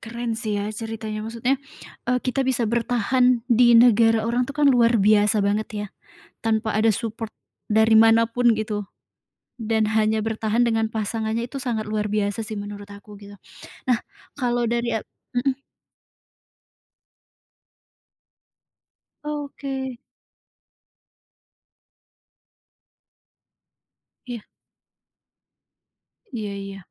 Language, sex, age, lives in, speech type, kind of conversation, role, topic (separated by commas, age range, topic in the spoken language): Indonesian, female, 30-34, Indonesia, conversation, podcast, host, Film Indonesia apa yang paling berkesan buat kamu, dan kenapa?